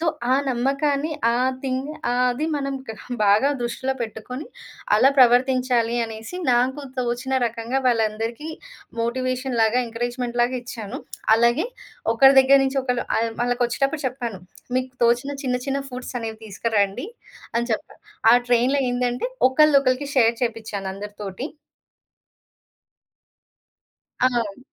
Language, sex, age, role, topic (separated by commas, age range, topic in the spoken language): Telugu, female, 30-34, guest, జట్టు సభ్యుల మధ్య సంపూర్ణ నమ్మకాన్ని మీరు ఎలా నిర్మిస్తారు?